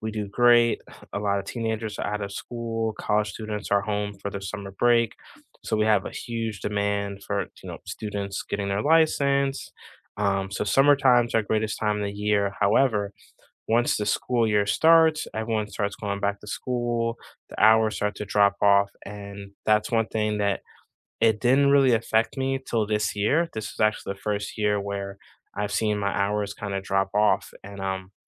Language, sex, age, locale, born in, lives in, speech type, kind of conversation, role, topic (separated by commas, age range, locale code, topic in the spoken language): English, male, 40-44, en-US, United States, United States, conversation, unstructured, none, What is one thing you hope to be doing in five years?